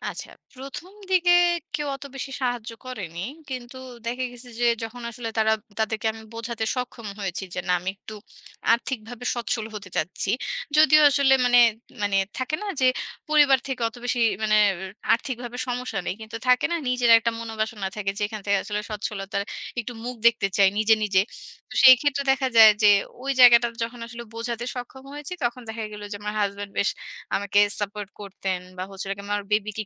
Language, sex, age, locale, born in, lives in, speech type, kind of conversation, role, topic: Bengali, female, 30-34, bn-BD, Bangladesh, Bangladesh, conversation, podcast, guest, কোন নতুন দক্ষতা তোমার জীবনে সবচেয়ে কাজে লেগেছে?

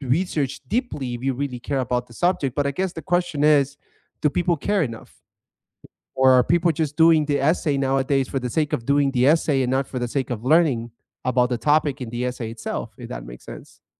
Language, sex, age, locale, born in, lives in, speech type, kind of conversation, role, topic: English, male, 30-34, en-US, United States, United States, conversation, unstructured, none, Has classroom technology improved your learning or distracted you, and what experiences shaped your view?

